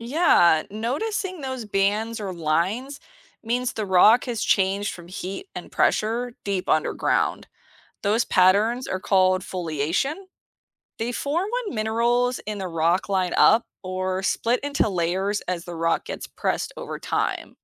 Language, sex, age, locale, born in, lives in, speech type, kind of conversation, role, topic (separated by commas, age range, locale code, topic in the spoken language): English, female, 35-39, en-US, United States, United States, read, expert-assistant, expert, What are the main stages of the rock cycle?